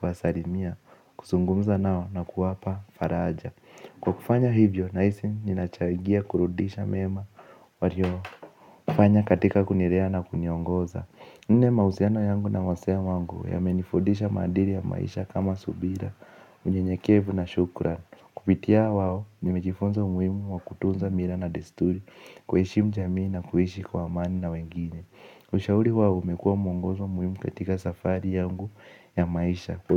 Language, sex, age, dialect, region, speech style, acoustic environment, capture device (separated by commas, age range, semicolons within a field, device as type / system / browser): Swahili, male, 18-24, Kenya - Nairobi Sheng-influenced, Kenya, focused; relaxed, people talking; nature, mobile / Linux / Chrome